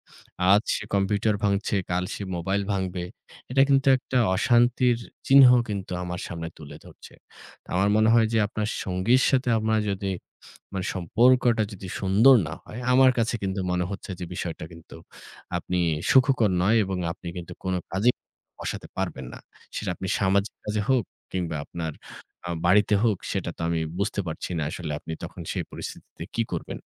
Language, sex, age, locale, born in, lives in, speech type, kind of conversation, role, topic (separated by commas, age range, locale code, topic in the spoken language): Bengali, female, 25-29, bn-BD, Bangladesh, Bangladesh, conversation, unstructured, none, সম্প্রতি কোন সামাজিক উদ্যোগ আপনাকে অনুপ্রাণিত করেছে?